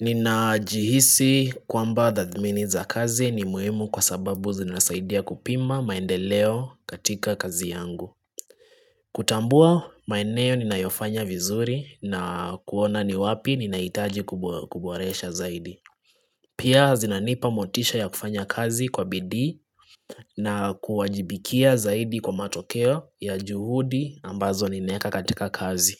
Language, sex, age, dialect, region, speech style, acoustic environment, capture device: Swahili, male, 25-34, Kenya - Nairobi Sheng-influenced, Kenya, relaxed, nature; silence, desktop / Linux / Chrome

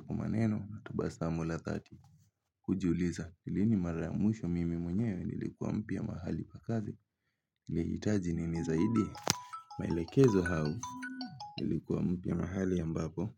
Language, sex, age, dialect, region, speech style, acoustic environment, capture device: Swahili, male, 18-24, Kenya - Mombasa, Kenya, happy, silence, mobile / Linux / Chrome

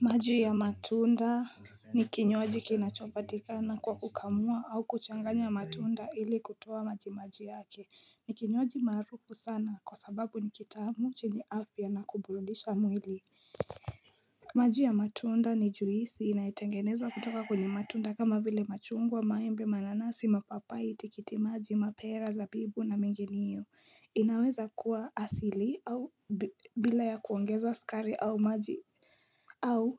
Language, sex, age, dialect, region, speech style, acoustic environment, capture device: Swahili, female, 18-24, Kenya - Nairobi Sheng-influenced, Kenya, excited; focused, nature, mobile / Linux / Chrome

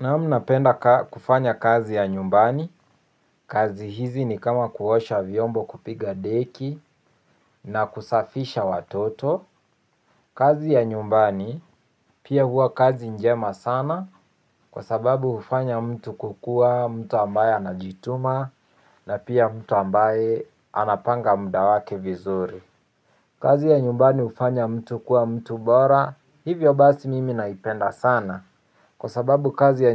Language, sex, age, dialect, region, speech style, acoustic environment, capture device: Swahili, male, 18-24, Kenya - Mombasa, Kenya, focused, silence, mobile / Linux / Chrome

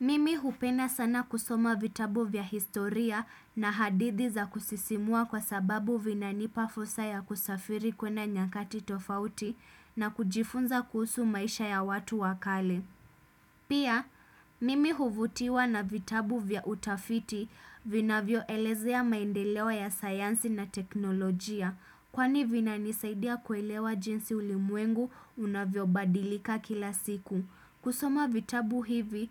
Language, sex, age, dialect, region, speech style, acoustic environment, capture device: Swahili, female, 25-34, Kenya - Mombasa, Kenya, happy; relaxed; excited; focused, silence, desktop / Windows / Chrome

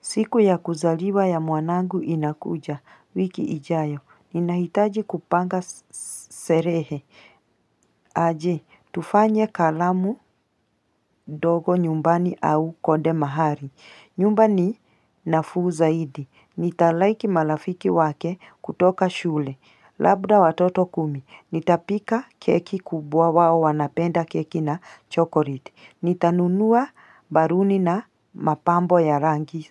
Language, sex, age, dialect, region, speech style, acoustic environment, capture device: Swahili, female, 35-44, Kenya - Mombasa, Kenya, tired; focused; happy; excited; relaxed, nature; people talking; traffic, mobile / Linux / Chrome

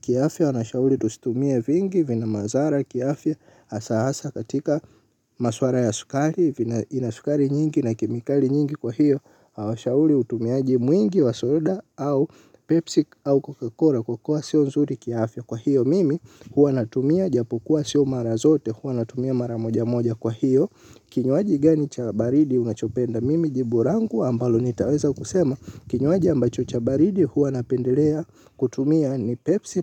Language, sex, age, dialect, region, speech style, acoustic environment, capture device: Swahili, male, 18-24, Tanzania - Dar es Salaam, Tanzania, excited; focused; relaxed; happy, silence, mobile / Linux / Chrome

